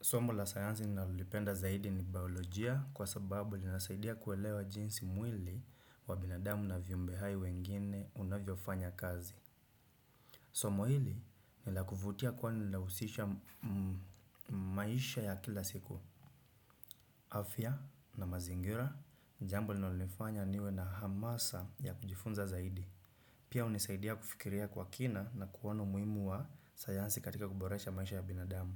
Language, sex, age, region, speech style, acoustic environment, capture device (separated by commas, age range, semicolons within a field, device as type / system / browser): Swahili, male, 25-34, Kenya, relaxed, silence, desktop / Windows / Chrome